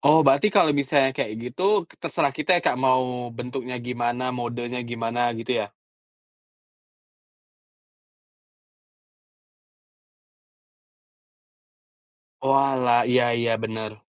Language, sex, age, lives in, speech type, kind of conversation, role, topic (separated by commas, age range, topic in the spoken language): Indonesian, male, 30-34, Indonesia, conversation, podcast, host, Apa kenangan budaya keluarga yang bikin kamu bangga?